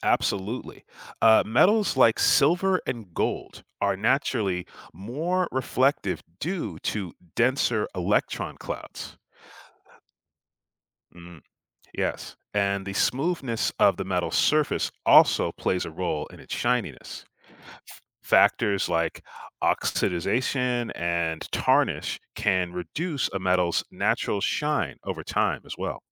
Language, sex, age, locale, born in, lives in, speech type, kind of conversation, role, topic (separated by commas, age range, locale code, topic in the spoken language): English, male, 35-39, en-US, United States, United States, read, expert-assistant, expert, What makes certain metals shiny?